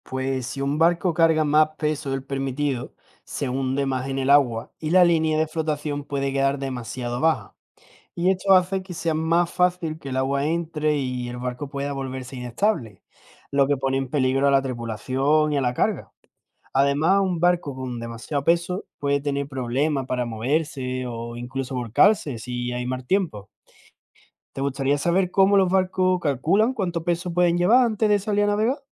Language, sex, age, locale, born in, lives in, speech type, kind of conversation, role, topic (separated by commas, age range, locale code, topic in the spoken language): Spanish, male, 20-24, es-ES, Spain, Spain, read, expert-assistant, expert, ¿Por qué flotan los barcos en el agua?